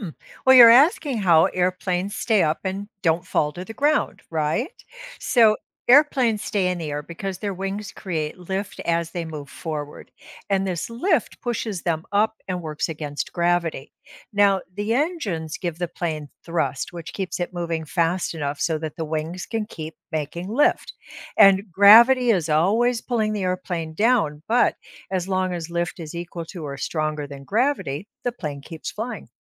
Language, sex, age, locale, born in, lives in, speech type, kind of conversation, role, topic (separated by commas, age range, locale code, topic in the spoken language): English, female, 65-69, en-US, United States, United States, read, expert-assistant, expert, How do airplanes generate lift and how do pilots handle stalls?